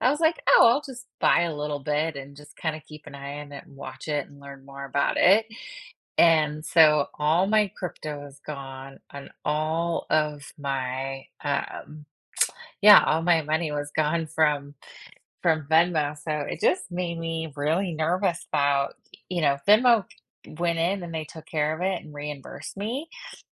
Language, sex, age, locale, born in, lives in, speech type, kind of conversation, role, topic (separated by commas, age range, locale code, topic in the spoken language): English, female, 40-44, en-US, United States, United States, conversation, advice, user, How can I protect my online accounts and manage my passwords securely?